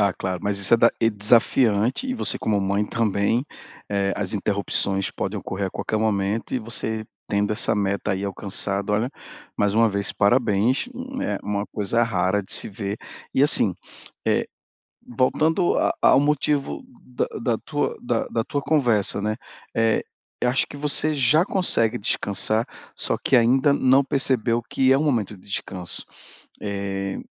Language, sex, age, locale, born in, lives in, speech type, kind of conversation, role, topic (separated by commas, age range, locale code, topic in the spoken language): Portuguese, male, 40-44, pt-BR, Brazil, Portugal, conversation, advice, advisor, Como posso encontrar tempo para hobbies sem sacrificar o descanso?